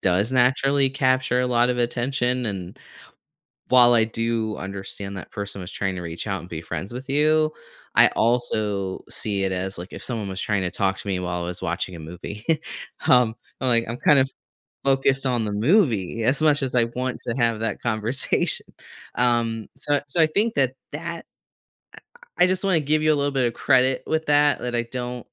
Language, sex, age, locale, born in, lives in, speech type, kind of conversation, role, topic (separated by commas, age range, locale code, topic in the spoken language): English, male, 35-39, en-US, United States, United States, conversation, advice, advisor, How can I notice and appreciate meaningful moments in my daily life?